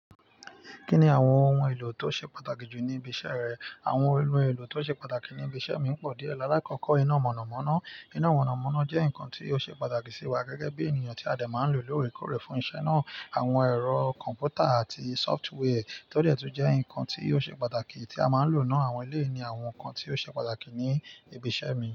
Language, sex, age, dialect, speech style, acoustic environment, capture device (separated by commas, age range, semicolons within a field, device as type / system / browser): Yoruba, male, 25-34, Nigeria - Nigerian Standard, excited, nature, mobile / Linux / Chrome